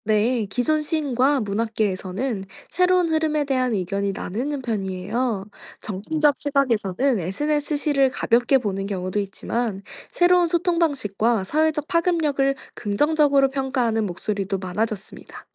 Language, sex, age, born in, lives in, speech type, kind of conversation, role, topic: Korean, female, 25-29, South Korea, France, read, expert-assistant, expert, 한국과 다른 나라에서 시를 대하는 문화적 태도에는 어떤 차이가 있을까요? 시에 대한 사회적 인식이나 전통을 비교해볼까요?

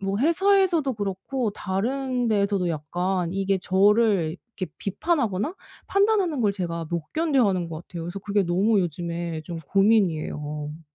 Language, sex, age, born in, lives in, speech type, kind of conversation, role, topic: Korean, female, 35-39, South Korea, Germany, conversation, advice, user, 비판을 두려워하지 않고 내 생각을 솔직하게 표현하려면 어떻게 해야 하나요?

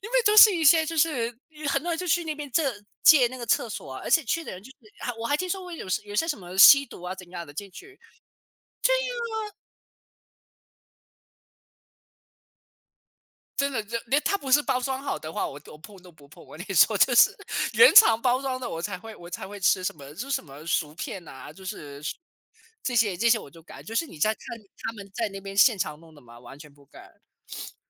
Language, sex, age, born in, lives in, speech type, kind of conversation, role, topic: Chinese, male, 30-34, China, United States, conversation, unstructured, none, 你怎么看待信用卡容易让人负债的问题？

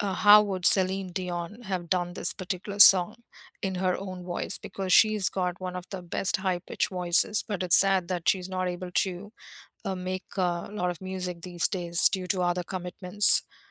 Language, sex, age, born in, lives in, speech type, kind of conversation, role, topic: English, female, 50-54, India, United States, conversation, unstructured, none, Which movie soundtracks made the film unforgettable for you and still stick with you today?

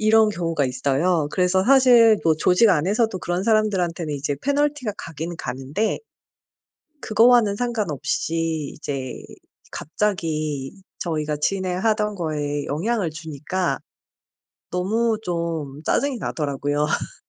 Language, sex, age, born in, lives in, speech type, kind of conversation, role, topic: Korean, female, 40-44, South Korea, South Korea, conversation, advice, user, 통제할 수 없는 상황에서 어떻게 평정을 유지할 수 있을까요?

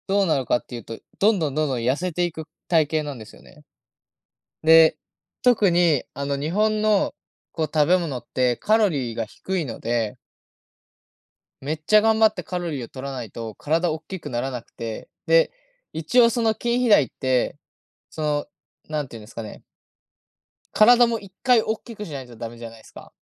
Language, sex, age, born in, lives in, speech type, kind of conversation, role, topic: Japanese, male, 20-24, Japan, Japan, conversation, unstructured, none, 自然を守るために、私たちにできることは何だと思いますか?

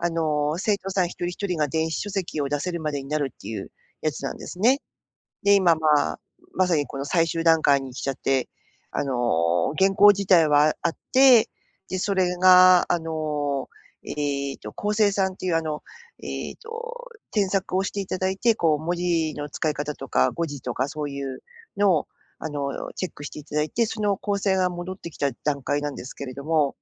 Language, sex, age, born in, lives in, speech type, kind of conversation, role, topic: Japanese, female, 50-54, Japan, Japan, conversation, advice, user, 未完成の作品をどうやって仕上げればいいですか？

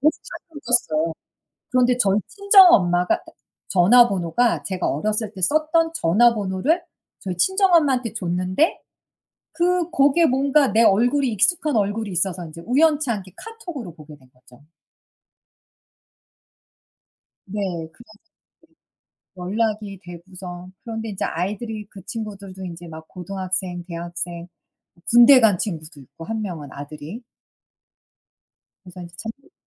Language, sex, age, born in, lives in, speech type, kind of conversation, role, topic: Korean, female, 45-49, United States, United States, conversation, unstructured, none, 친구와 함께한 추억 중 가장 재미있었던 일은 무엇인가요?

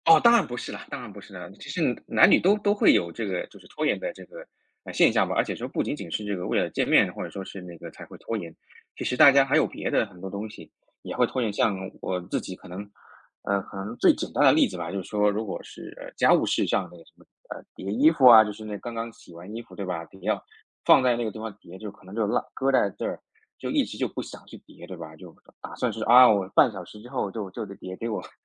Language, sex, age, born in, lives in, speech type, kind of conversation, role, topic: Chinese, male, 30-34, China, United States, conversation, podcast, guest, 你怎样才能避免拖延反复发生？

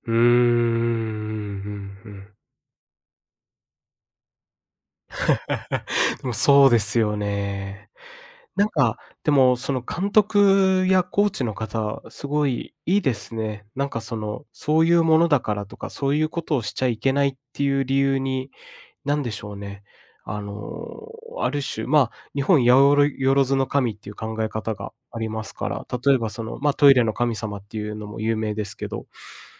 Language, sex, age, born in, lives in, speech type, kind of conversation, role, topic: Japanese, male, 25-29, Japan, Japan, conversation, podcast, host, 子どものころの一番印象的な思い出は何ですか？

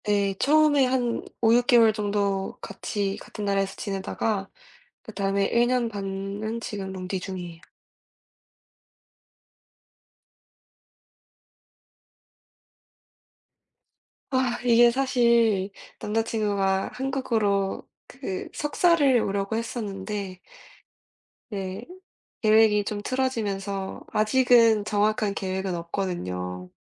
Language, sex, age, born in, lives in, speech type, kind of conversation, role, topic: Korean, female, 20-24, South Korea, United States, conversation, unstructured, none, 연애할 때 가장 행복했던 기억은 무엇인가요?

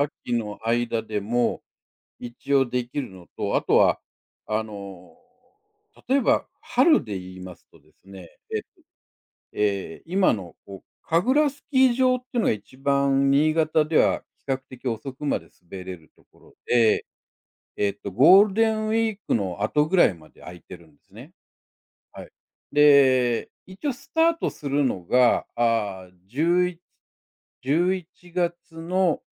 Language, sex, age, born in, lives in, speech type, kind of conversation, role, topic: Japanese, male, 60-64, Japan, Japan, conversation, unstructured, none, 運動を始めるきっかけは何ですか？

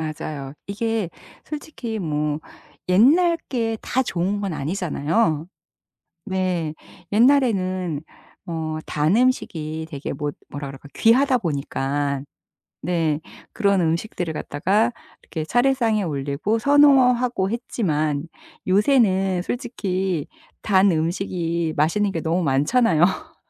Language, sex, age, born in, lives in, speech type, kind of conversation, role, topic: Korean, female, 55-59, South Korea, United States, conversation, podcast, guest, 할머니·할아버지의 손맛이 떠오르는 음식이 있나요?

